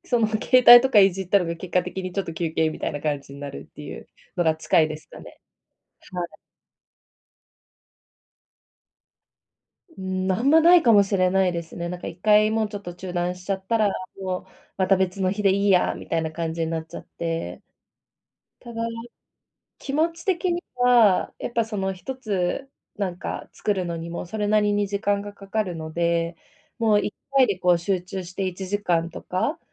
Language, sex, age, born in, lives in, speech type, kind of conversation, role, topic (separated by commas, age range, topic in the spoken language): Japanese, female, 25-29, Japan, Japan, conversation, advice, user, 長時間の作業で集中力を持続させるにはどうすればいいですか？